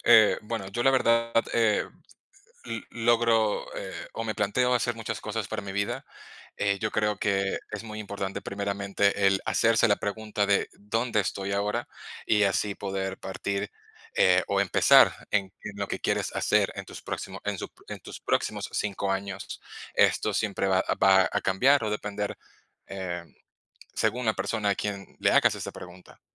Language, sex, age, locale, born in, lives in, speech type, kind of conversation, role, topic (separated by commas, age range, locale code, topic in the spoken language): Spanish, male, 30-34, es-VE, Venezuela, Spain, conversation, unstructured, none, ¿Cuáles son tus sueños para el futuro?